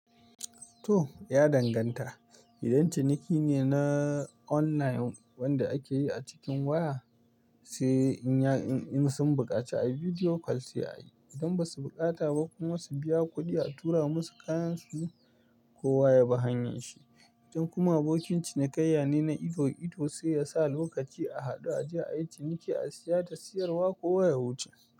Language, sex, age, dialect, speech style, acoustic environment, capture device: Hausa, male, 25-34, Nigeria - Pidgin-influenced, relaxed; tired; stressed; nervous, nature, mobile / Linux / Chrome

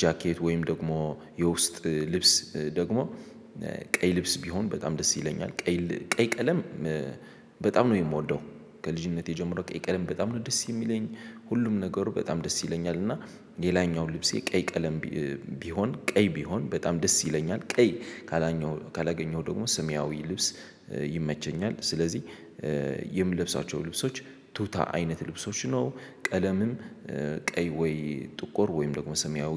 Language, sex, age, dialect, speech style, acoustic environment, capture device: Amharic, male, 18-24, Ethiopia - Addis Ababa, excited, people talking, mobile / Linux / Chrome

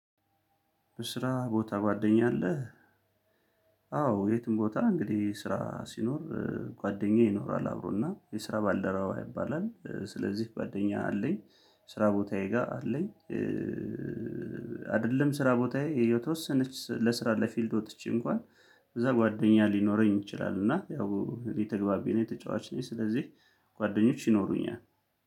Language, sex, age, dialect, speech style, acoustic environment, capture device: Amharic, male, 35-44, Ethiopia - Gondar, happy; focused, silence, mobile / Linux / Chrome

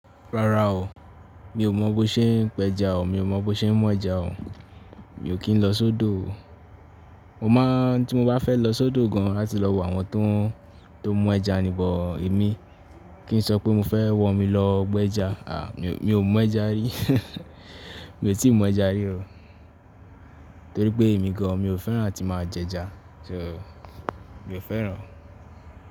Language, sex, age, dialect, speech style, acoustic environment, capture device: Yoruba, male, 18-24, Nigeria - Ibadan, relaxed, nature, mobile / Linux / Chrome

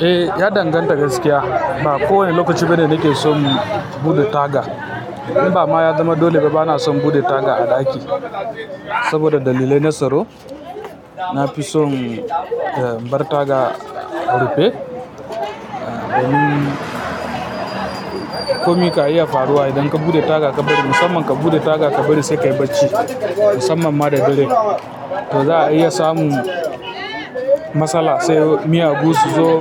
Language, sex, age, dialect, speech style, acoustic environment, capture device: Hausa, female, 25-34, Nigeria - Kano, happy; relaxed; excited; focused, people talking; traffic, mobile / Linux / Chrome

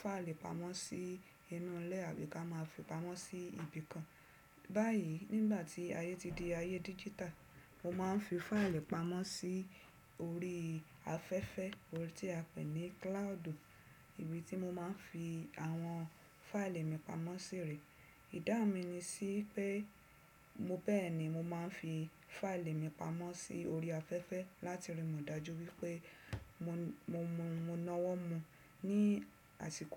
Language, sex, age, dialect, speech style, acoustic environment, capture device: Yoruba, female, 35-44, Nigeria - Lagos Yoruba, relaxed; focused, silence, desktop / Windows / Chrome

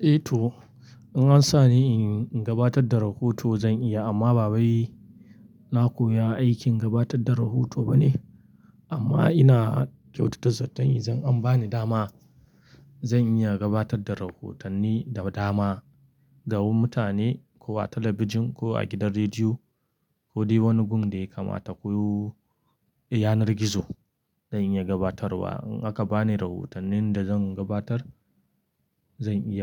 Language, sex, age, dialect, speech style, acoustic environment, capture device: Hausa, male, 25-34, Nigeria - Kano, excited; focused; relaxed; happy, nature, mobile / Linux / Chrome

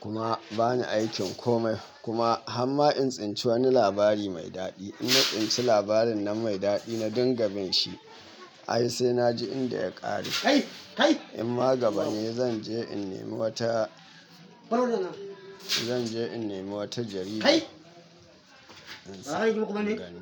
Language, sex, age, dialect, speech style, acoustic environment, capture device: Hausa, male, 25-34, Nigeria - Katsina, happy, people talking, mobile / Linux / Chrome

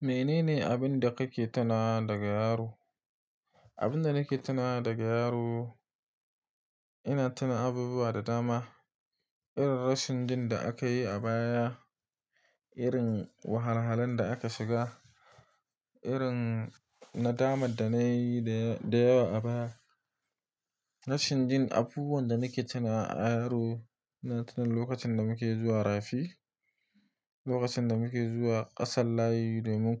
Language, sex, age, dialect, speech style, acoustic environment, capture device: Hausa, male, 25-34, Nigeria - Nigerian Standard, happy, silence; nature, mobile / Linux / Chrome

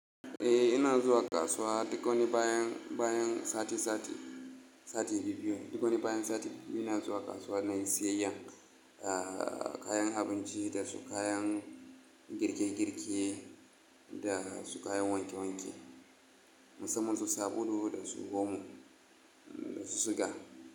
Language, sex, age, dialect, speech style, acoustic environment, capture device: Hausa, male, 25-34, Nigeria - Kano, relaxed, silence, mobile / Linux / Chrome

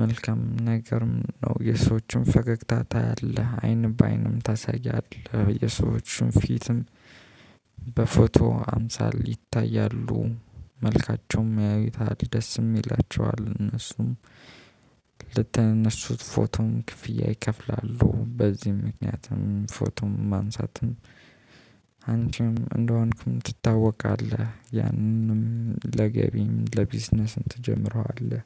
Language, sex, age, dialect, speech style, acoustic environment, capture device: Amharic, male, 25-34, Ethiopia - Addis Ababa, happy; focused, people talking; nature, mobile / Linux / Chrome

